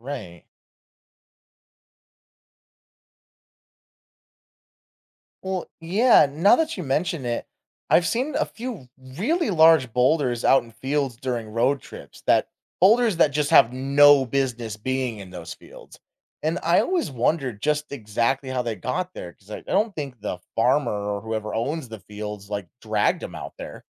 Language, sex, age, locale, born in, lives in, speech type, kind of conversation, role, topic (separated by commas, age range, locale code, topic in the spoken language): English, male, 25-29, en-US, United States, United States, read, expert-assistant, asker, How does a glacier form and move?